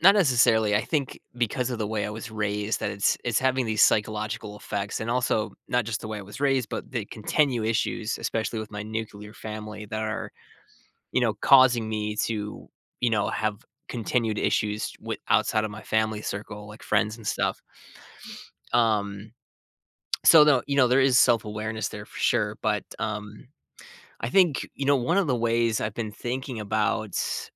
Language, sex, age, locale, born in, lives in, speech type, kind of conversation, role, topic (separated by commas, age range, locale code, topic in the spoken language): English, male, 30-34, en-US, United States, United States, conversation, advice, user, How can I improve communication with my loved one?